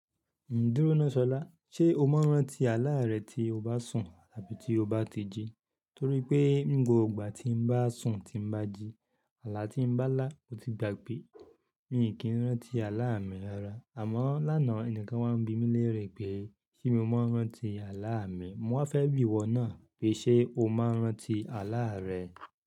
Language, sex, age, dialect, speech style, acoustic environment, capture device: Yoruba, male, 35-44, Nigeria - Ibadan, happy; relaxed; excited; focused, silence, mobile / Linux / Chrome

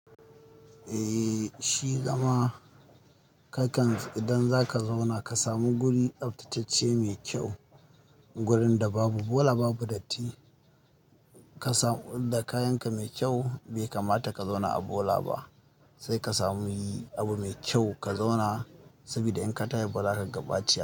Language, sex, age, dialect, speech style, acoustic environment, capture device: Hausa, male, 25-34, Nigeria - Kano, nervous; excited; focused; stressed; happy; anxious; tired; relaxed, music; people talking; nature; silence; traffic; construction; appliances, mobile / Linux / Chrome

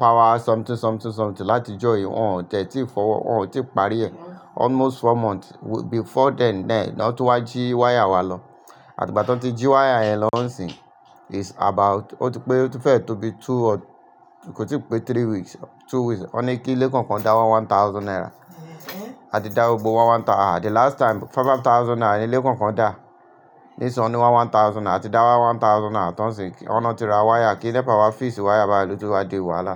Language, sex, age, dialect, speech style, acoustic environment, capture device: Yoruba, male, 35-44, Nigeria - Lagos Yoruba, excited, people talking, mobile / Linux / Chrome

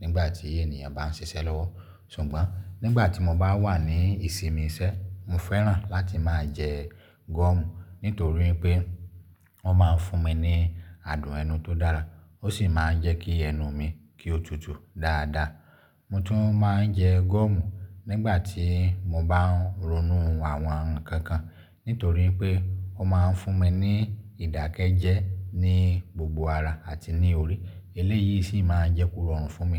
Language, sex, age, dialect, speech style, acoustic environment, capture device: Yoruba, male, 35-44, Nigeria - Lagos Yoruba, happy; relaxed; focused; excited, silence, mobile / Linux / Chrome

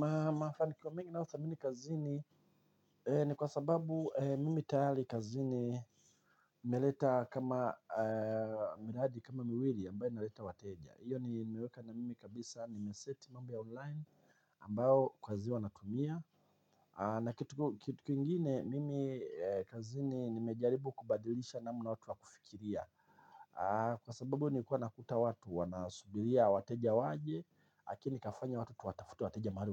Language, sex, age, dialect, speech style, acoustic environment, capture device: Swahili, male, 45-54, Tanzania - Dar es Salaam, happy, people talking, mobile / Linux / Chrome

